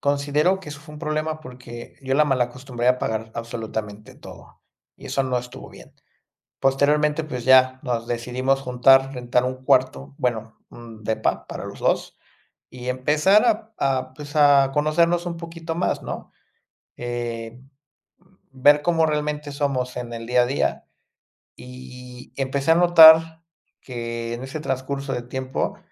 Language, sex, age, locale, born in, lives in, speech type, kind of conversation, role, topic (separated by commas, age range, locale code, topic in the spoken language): Spanish, male, 30-34, es-MX, Mexico, Mexico, conversation, advice, user, ¿Con quién sueles discutir por dinero y qué temas lo detonan con más frecuencia?